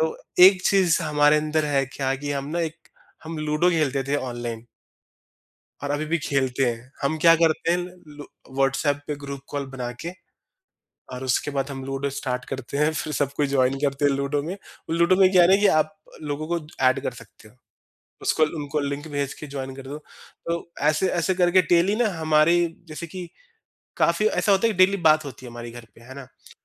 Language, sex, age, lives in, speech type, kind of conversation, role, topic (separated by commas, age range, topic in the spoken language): Hindi, male, 30-34, India, conversation, podcast, guest, Technology ne tumhari family bonding ko kaise badla hai, aur tum apne experiences kaunse share karna chahoge?